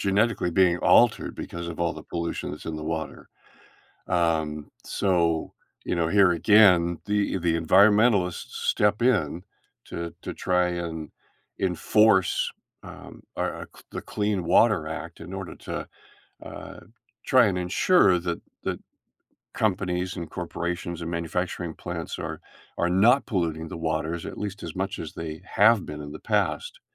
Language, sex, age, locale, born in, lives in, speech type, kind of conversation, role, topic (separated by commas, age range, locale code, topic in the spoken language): English, male, 70-74, en-US, Canada, United States, conversation, podcast, guest, Why is access to clean water essential for healthy communities?